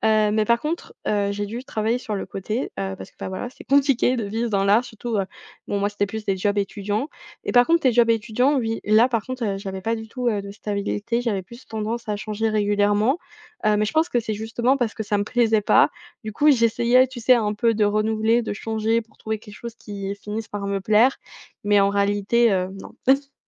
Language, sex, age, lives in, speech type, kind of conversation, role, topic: French, female, 20-24, France, conversation, podcast, guest, Comment trouver une nouvelle version de toi-même sans perdre ton identité ?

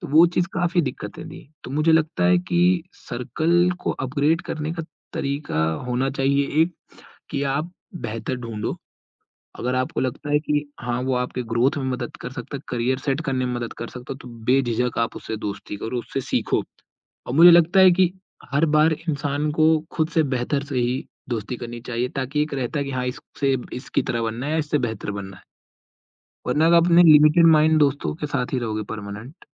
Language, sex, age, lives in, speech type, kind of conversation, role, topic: Hindi, male, 20-24, India, conversation, podcast, guest, Apne doston aur jaan-pehchaan ke circle ko behtar banane ka tumhara tareeka kya hai?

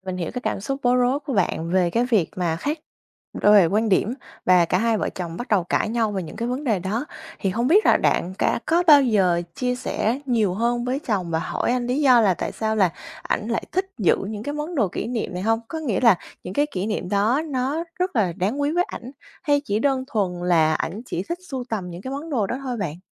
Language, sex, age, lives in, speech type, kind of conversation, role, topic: Vietnamese, female, 25-29, Vietnam, conversation, advice, advisor, Làm sao trao đổi với vợ/chồng khi họ không muốn tối giản nhưng mình muốn dọn nhà?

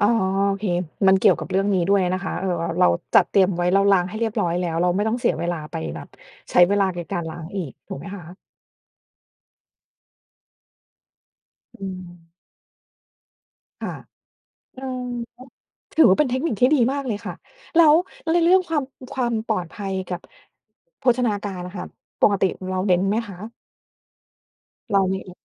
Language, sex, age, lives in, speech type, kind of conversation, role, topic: Thai, female, 40-44, United States, conversation, podcast, host, คุณมีเคล็ดลับทำอาหารให้เสร็จเร็วเมื่อมีเวลาน้อยอย่างไรบ้าง?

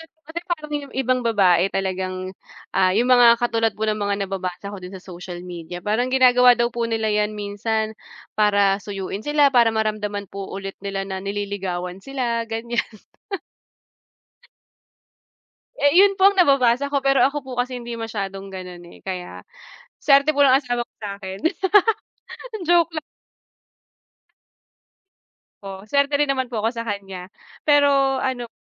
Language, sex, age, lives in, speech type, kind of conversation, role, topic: Filipino, female, 30-34, Philippines, conversation, unstructured, none, Ano ang paraan mo ng paglutas sa hindi pagkakaunawaan sa inyong relasyon?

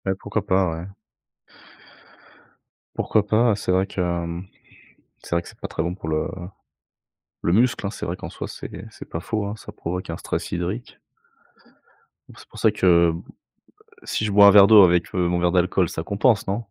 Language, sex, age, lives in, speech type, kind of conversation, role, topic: French, male, 30-34, France, conversation, advice, user, Comment décririez-vous votre dépendance aux somnifères ou à l’alcool pour dormir ?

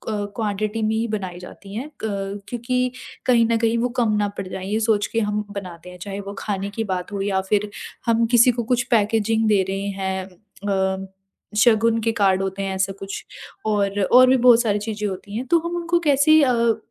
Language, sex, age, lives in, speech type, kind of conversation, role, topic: Hindi, female, 20-24, India, conversation, podcast, host, Zero-waste life start karne ke simple tareeke kya hain?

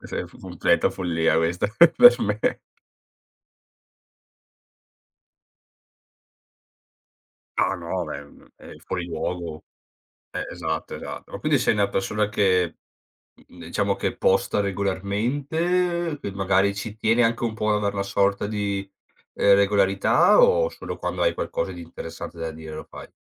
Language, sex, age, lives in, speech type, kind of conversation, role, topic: Italian, male, 30-34, Italy, conversation, podcast, host, Qual è il ruolo dei social nel tuo modo di esprimerti?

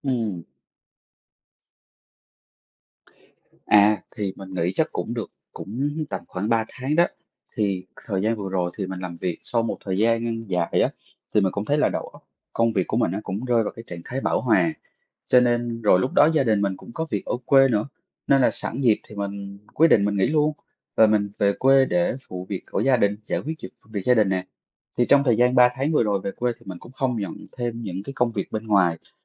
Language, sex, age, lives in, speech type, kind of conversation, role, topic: Vietnamese, male, 30-34, Vietnam, conversation, advice, user, Làm thế nào để quay lại thói quen sáng tạo sau một thời gian dài nghỉ?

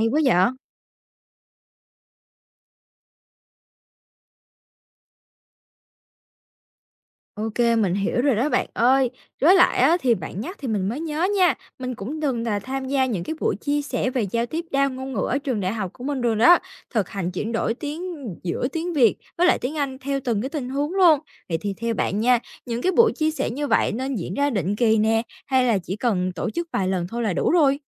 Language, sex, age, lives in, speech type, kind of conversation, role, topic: Vietnamese, female, 18-19, Vietnam, read, expert-assistant, asker, Việc dùng lẫn tiếng Anh trong giao tiếp hàng ngày ở Việt Nam mang lại lợi ích và bất tiện gì?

